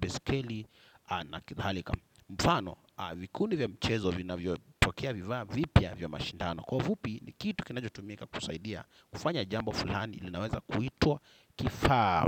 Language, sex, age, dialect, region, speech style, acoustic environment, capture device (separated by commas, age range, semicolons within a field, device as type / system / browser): Swahili, male, 25-34, Kenya - Mombasa, Kenya, happy, silence, desktop / Windows / Chrome